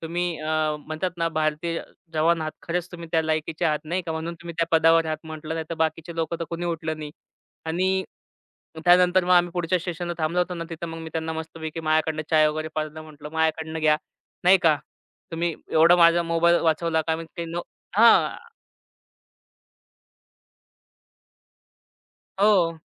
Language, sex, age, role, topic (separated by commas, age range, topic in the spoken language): Marathi, male, 25-29, guest, प्रवासात एखाद्या अनोळखी व्यक्तीने तुला कधी मदत केली आहे का?